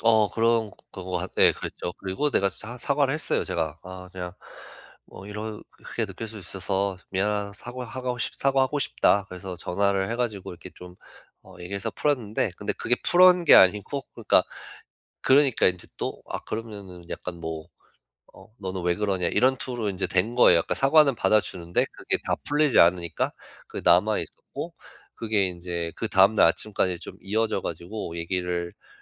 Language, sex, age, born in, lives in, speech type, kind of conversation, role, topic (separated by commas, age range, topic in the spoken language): Korean, male, 35-39, United States, United States, conversation, advice, user, 대화를 시작하는 게 너무 두려워 말문이 막힐 때 어떻게 대처하면 좋을까요?